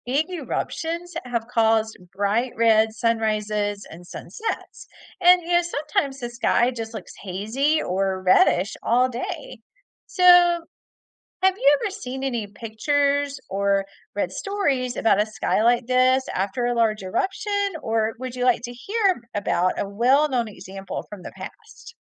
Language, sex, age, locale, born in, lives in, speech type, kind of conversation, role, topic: English, female, 45-49, en-US, United States, United States, read, expert-assistant, expert, Why does the sky appear blue during the day?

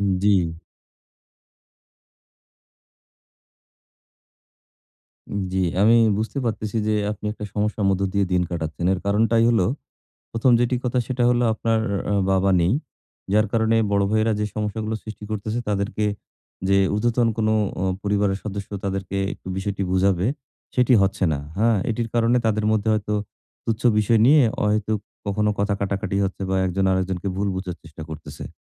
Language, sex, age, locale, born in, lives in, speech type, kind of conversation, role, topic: Bengali, male, 40-44, bn-BD, Bangladesh, Bangladesh, conversation, advice, advisor, আমি কীভাবে বিস্তৃত পরিবারের মতানৈক্য কূটনৈতিকভাবে ও শান্তিপূর্ণভাবে সমাধান করে সম্পর্ক রক্ষা করতে পারি?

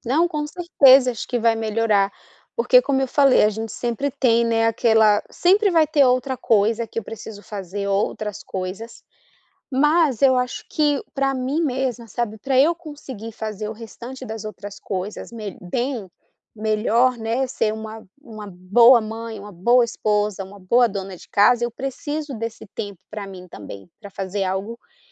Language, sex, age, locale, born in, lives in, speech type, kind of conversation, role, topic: Portuguese, female, 30-34, pt-BR, Brazil, United States, conversation, advice, user, Como posso começar um novo hobby quando sinto medo e preguiça?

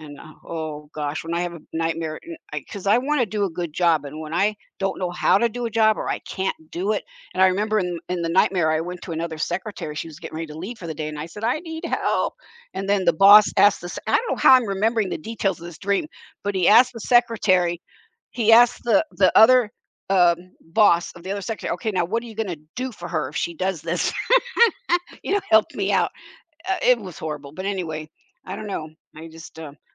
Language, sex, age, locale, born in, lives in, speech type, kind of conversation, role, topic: English, female, 70-74, en-US, United States, United States, conversation, unstructured, none, Would you rather have a fulfilling job that requires long hours or an easy job that feels unfulfilling?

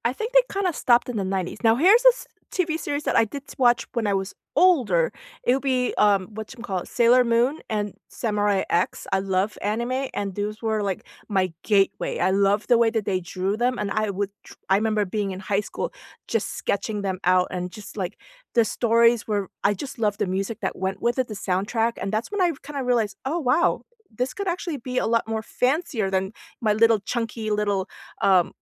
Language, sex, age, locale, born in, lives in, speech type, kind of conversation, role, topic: English, female, 45-49, en-US, South Korea, United States, conversation, unstructured, none, Which childhood cartoons and characters still hold up for you today, and what makes them timeless?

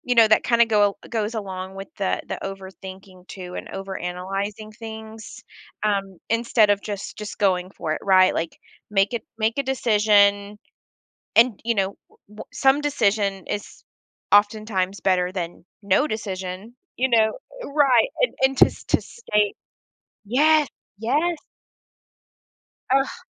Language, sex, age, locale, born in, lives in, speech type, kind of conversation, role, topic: English, female, 35-39, en-US, United States, United States, conversation, podcast, guest, How have your biggest mistakes helped you grow or change your perspective?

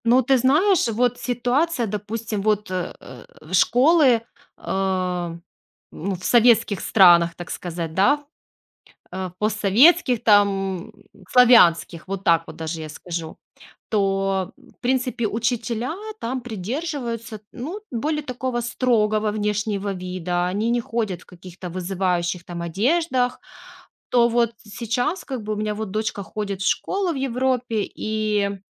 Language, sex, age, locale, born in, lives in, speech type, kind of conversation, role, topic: Russian, female, 35-39, ru-RU, Ukraine, Spain, conversation, podcast, guest, Как мода влияет на твою самооценку?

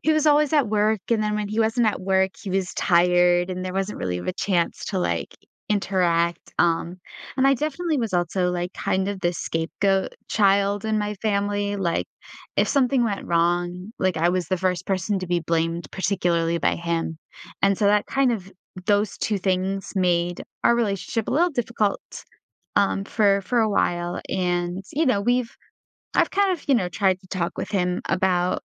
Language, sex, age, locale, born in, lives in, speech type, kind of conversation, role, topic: English, female, 20-24, en-US, United States, United States, conversation, advice, user, How can I handle tension with a difficult family member?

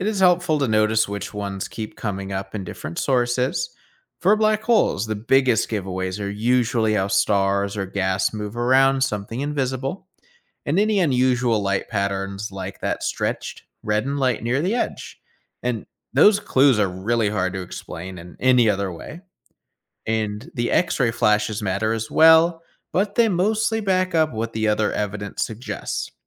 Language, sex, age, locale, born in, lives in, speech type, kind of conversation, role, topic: English, male, 25-29, en-US, United States, United States, read, expert-assistant, expert, What simple techniques help understand complex astrophysics concepts without feeling overwhelmed?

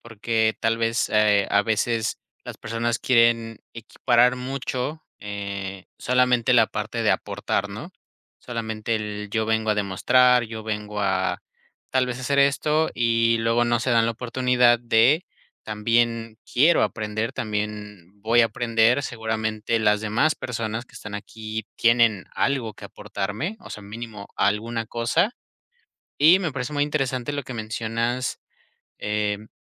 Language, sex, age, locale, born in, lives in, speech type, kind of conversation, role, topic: Spanish, male, 25-29, es-MX, Mexico, Mexico, conversation, podcast, host, ¿Cómo decides si aceptar una oferta de trabajo o renunciar a tu empleo actual?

